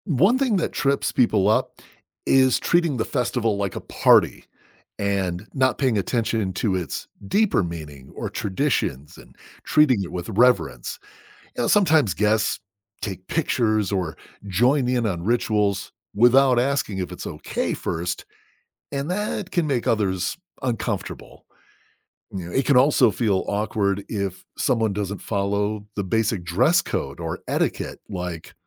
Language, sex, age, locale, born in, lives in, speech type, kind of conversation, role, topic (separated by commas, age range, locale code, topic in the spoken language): English, male, 50-54, en-US, United States, United States, read, expert-assistant, expert, How do festivals contribute to cultural exchange?